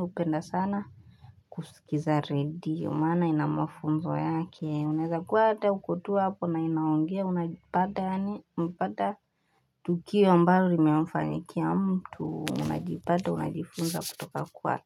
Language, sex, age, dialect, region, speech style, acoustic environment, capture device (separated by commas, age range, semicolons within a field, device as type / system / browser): Swahili, female, 18-24, Kenya - Nairobi Sheng-influenced, Kenya, happy, music, desktop / Linux / Chrome